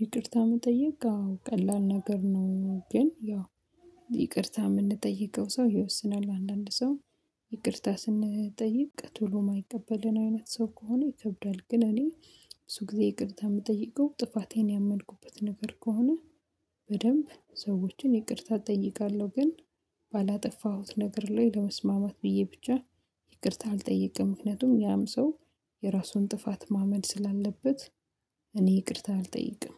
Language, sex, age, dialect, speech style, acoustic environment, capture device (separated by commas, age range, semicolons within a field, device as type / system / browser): Amharic, female, 25-34, Ethiopia - Addis Ababa, excited, silence, mobile / Linux / Chrome